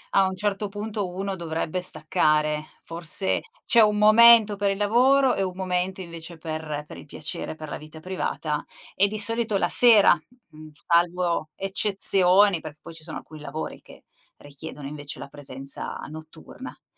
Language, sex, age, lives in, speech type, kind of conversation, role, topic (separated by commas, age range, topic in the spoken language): Italian, female, 45-49, Italy, conversation, podcast, host, Come bilanci lavoro e tempo libero, sinceramente?